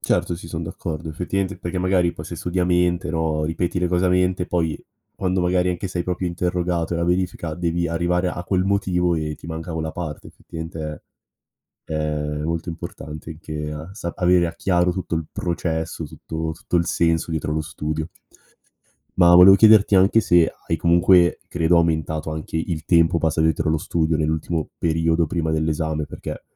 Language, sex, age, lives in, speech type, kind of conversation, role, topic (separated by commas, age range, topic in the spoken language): Italian, male, 18-19, Italy, conversation, podcast, host, Quali abitudini di studio ti hanno cambiato la vita?